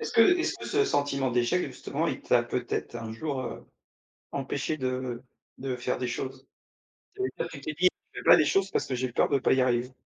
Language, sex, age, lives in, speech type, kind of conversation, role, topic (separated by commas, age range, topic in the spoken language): French, male, 35-39, France, conversation, podcast, host, Comment gères-tu le sentiment d’échec quand une expérimentation échoue ?